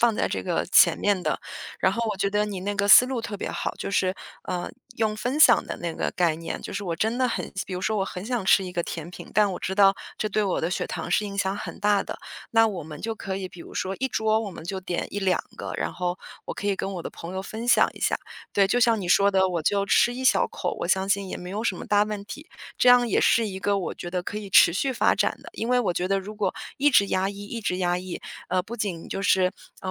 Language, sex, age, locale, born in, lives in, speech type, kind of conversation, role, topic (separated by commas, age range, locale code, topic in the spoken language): Chinese, female, 35-39, zh-CN, China, United States, conversation, advice, user, 在聚会社交时，我该如何礼貌而坚定地拒绝不健康的食物？